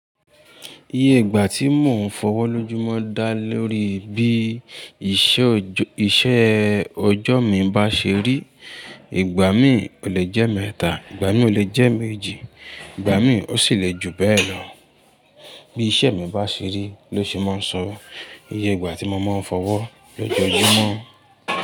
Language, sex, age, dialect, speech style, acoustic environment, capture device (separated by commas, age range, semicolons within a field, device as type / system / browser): Yoruba, male, 25-34, Nigeria - Ibadan, excited, people talking, mobile / Linux / Chrome